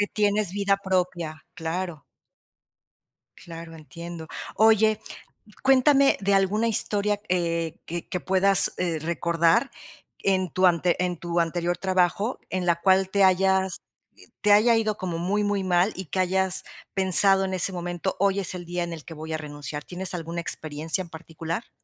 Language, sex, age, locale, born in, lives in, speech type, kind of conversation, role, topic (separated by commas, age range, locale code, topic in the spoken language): Spanish, female, 50-54, es-MX, Mexico, Mexico, conversation, podcast, host, ¿Cómo equilibras el trabajo y tu vida personal?